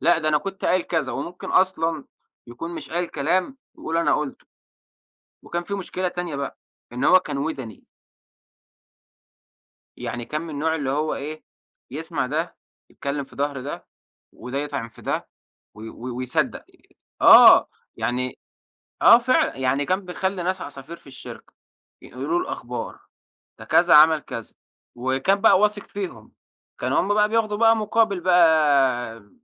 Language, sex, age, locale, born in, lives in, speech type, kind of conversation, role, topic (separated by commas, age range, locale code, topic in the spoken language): Arabic, male, 25-29, ar-EG, Egypt, Egypt, conversation, podcast, guest, إزاي تتعامل بحزم مع مدير متسلّط؟